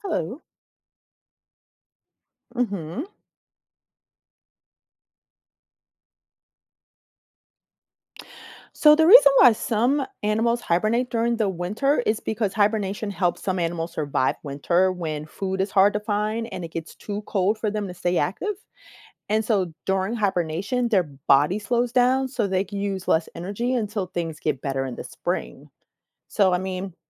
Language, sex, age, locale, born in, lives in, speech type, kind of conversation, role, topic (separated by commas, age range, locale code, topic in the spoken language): English, female, 45-49, en-US, United States, United States, read, expert-assistant, expert, Why do some animals hibernate in winter?